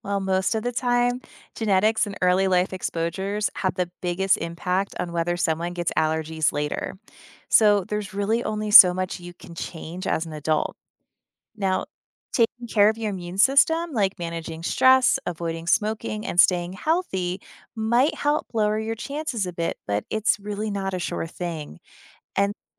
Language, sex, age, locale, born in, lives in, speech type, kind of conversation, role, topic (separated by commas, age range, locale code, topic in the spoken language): English, female, 35-39, en-US, United States, United States, read, expert-assistant, expert, Why do some people have allergies?